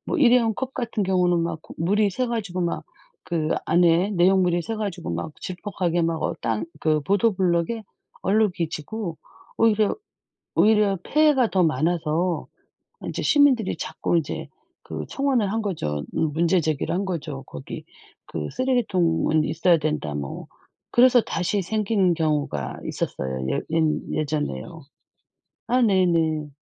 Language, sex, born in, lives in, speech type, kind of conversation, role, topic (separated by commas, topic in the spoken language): Korean, female, South Korea, South Korea, conversation, unstructured, none, 왜 사람들은 쓰레기를 아무 데나 버릴까요?